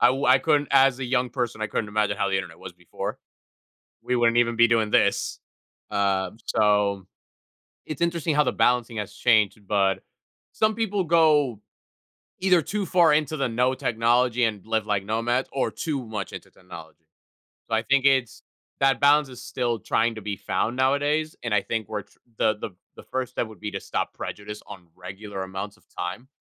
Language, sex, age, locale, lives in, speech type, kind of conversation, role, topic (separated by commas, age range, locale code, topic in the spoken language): English, male, 20-24, en-US, United States, conversation, podcast, host, What are some effective ways to maintain a healthy balance between digital devices and offline activities?